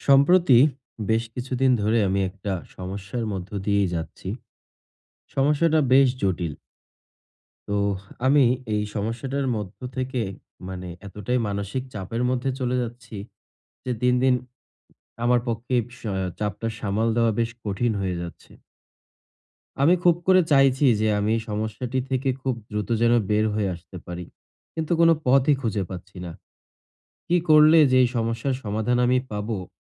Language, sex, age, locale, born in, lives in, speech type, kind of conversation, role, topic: Bengali, male, 20-24, bn-BD, Bangladesh, Bangladesh, conversation, advice, user, ব্যর্থতার ভয় কীভাবে কাটিয়ে উঠে আত্মবিশ্বাস বাড়াতে পারি?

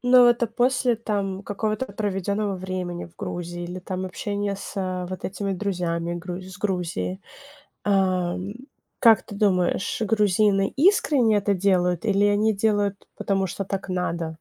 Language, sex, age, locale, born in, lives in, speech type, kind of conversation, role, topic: Russian, female, 25-29, ru-RU, Ukraine, United States, conversation, podcast, host, Какой случай доброты со стороны незнакомца в чужой стране тебе запомнился больше всего?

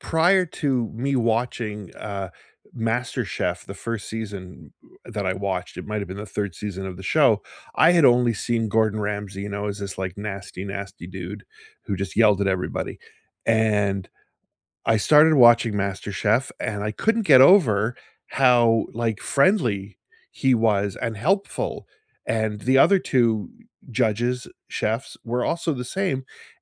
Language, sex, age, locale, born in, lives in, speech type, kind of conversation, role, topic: English, male, 55-59, en-CA, Canada, United States, conversation, unstructured, none, Which comfort shows do you rewatch again and again, and what makes them feel like home to you?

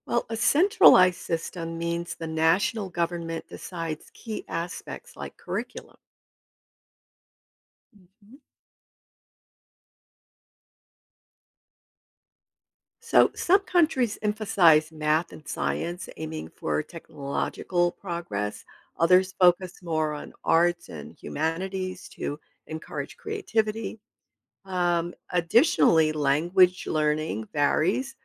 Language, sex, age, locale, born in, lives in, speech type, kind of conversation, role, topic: English, female, 70-74, en-US, United States, United States, read, expert-assistant, expert, How do different countries approach education systems?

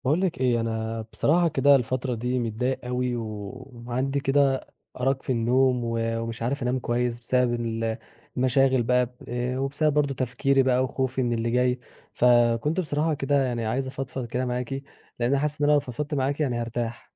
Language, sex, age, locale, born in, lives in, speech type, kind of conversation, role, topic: Arabic, male, 20-24, ar-EG, Egypt, Egypt, conversation, advice, user, إزاي أتعامل مع الأرق اللي بيخلّيني سهران من كتر التفكير والقلق بالليل؟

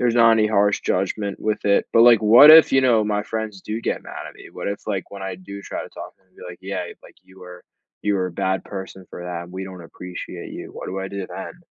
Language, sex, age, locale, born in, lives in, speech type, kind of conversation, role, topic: English, male, 18-19, en-US, United States, United States, conversation, advice, user, How can I assert myself after failing to defend someone?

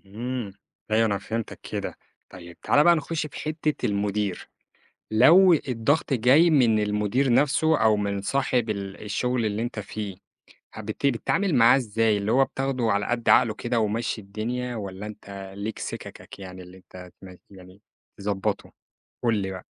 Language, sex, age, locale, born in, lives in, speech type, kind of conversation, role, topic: Arabic, male, 25-29, ar-EG, Egypt, Egypt, conversation, podcast, host, ازاي بتتعامل مع ضغط الشغل؟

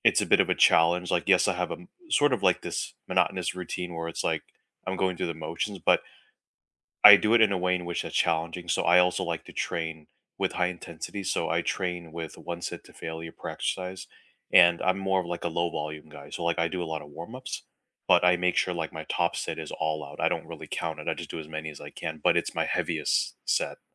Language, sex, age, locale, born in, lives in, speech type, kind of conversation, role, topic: English, male, 35-39, en-US, United States, United States, conversation, unstructured, none, How do you keep exercise fun and exciting?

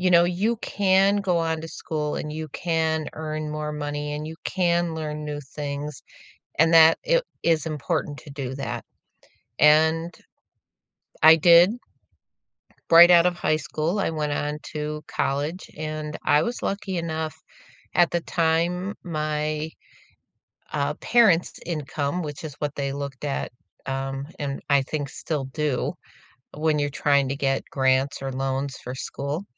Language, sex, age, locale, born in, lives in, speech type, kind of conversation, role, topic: English, female, 65-69, en-US, United States, United States, conversation, unstructured, none, How have you experienced access to learning, and what helps open doors for others?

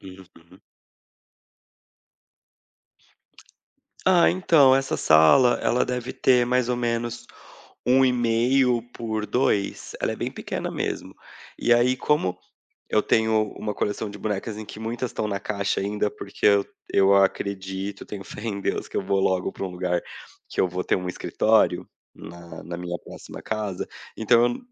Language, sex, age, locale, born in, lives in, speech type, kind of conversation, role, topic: Portuguese, male, 30-34, pt-BR, Brazil, Portugal, conversation, podcast, guest, Como você adapta espaços pequenos às suas necessidades?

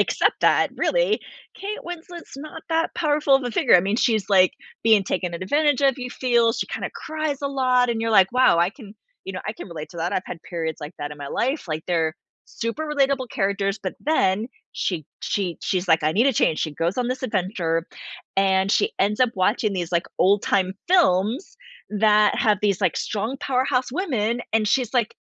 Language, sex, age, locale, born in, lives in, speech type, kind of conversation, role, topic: English, female, 40-44, en-US, United States, United States, conversation, podcast, guest, In what ways do movies influence how we see ourselves and motivate us to grow?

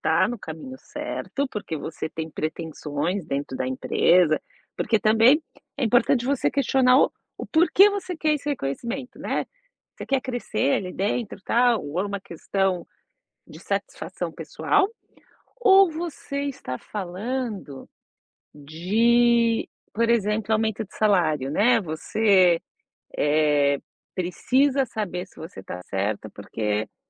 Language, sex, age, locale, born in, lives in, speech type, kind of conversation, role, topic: Portuguese, female, 45-49, pt-BR, Brazil, United States, conversation, advice, advisor, Como posso manter a motivação sem receber feedback ou reconhecimento?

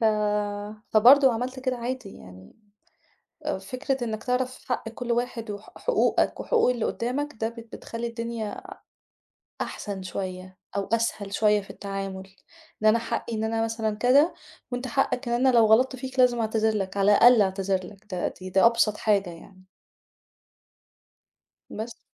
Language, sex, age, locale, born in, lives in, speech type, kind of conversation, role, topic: Arabic, female, 35-39, ar-EG, Egypt, Egypt, conversation, podcast, guest, إزاي بتعتذر لما كلامك يجرّح حد؟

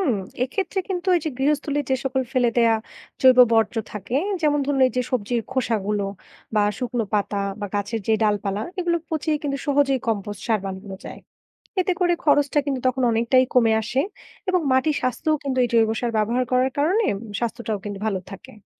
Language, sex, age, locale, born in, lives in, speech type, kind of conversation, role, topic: Bengali, female, 20-24, bn-BD, Bangladesh, Bangladesh, read, expert-assistant, expert, টেকসই চাষে রাসায়নিক কমালে ফলন ও পরিবেশে কী পরিবর্তন ঘটে?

